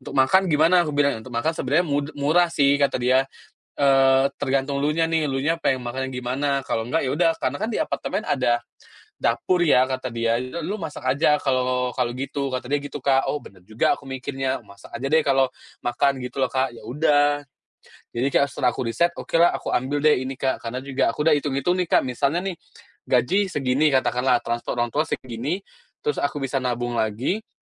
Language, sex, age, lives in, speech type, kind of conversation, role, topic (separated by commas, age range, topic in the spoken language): Indonesian, male, 30-34, Indonesia, conversation, podcast, guest, Bagaimana Anda memutuskan untuk pindah ke kota atau negara lain?